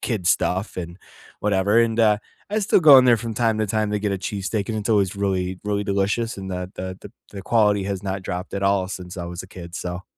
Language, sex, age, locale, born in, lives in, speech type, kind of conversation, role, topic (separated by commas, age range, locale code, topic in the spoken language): English, male, 25-29, en-US, United States, United States, conversation, unstructured, none, On cozy nights, what nourishing comfort foods do you reach for, and what memories make them special?